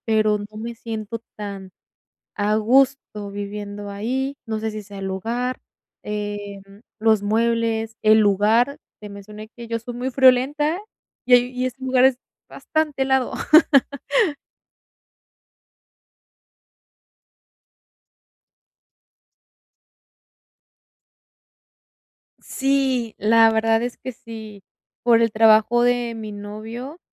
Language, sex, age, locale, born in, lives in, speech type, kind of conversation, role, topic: Spanish, female, 20-24, es-MX, Mexico, Mexico, conversation, advice, user, ¿Cómo puedo sentirme en casa aunque viva lejos?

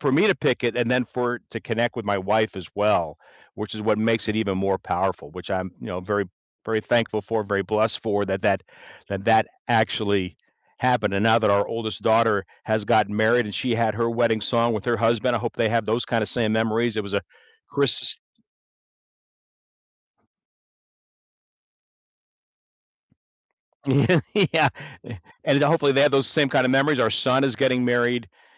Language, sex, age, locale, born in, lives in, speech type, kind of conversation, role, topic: English, male, 65-69, en-US, United States, United States, conversation, unstructured, none, Which song instantly takes you back to a vivid moment, and what makes that memory meaningful?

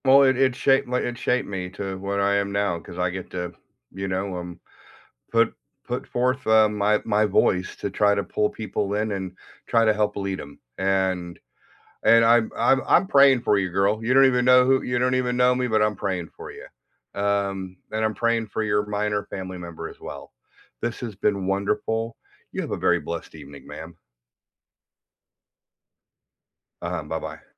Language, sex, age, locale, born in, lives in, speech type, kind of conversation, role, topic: English, male, 55-59, en-US, United States, United States, conversation, unstructured, none, What did your first job teach you, and how does it still shape you?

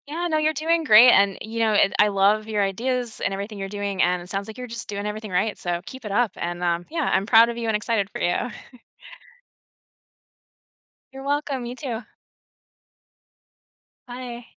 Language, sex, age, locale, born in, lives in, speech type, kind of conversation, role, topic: English, female, 40-44, en-US, United States, United States, conversation, advice, advisor, How can I manage my daily responsibilities without feeling overwhelmed?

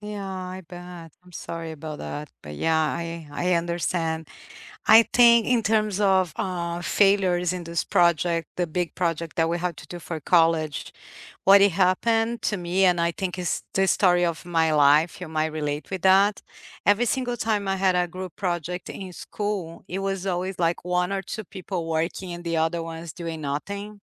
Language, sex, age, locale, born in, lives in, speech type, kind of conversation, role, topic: English, female, 45-49, en-BR, Brazil, United States, conversation, unstructured, none, What are your favorite group project successes, funny failures, and lessons learned?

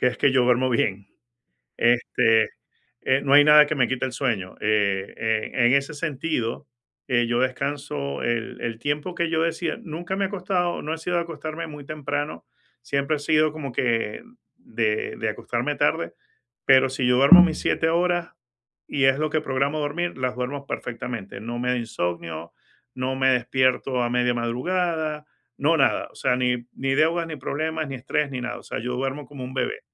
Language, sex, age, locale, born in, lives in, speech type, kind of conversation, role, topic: Spanish, male, 50-54, es-VE, Venezuela, United States, conversation, advice, user, ¿Cómo puedo aprovechar una pausa para recargar la mente?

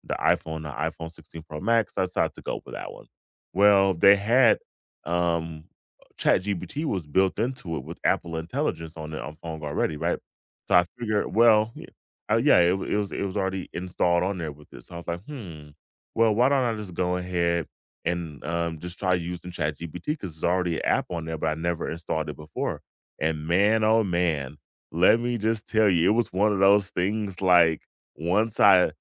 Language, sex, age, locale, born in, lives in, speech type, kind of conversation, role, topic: English, male, 35-39, en-US, United States, United States, conversation, unstructured, none, Which classroom technology tools and apps have genuinely made teaching or learning easier for you, and how?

